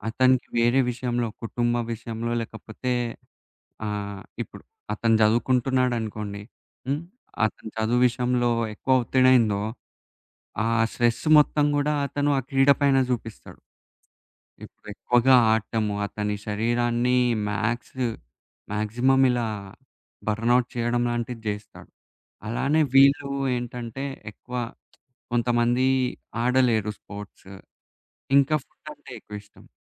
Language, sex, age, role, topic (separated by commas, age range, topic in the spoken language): Telugu, male, 20-24, guest, చదువు, పని, ఆరోగ్యం మధ్య సమతుల్యాన్ని మీరు ఎలా నిలబెట్టుకుంటారు?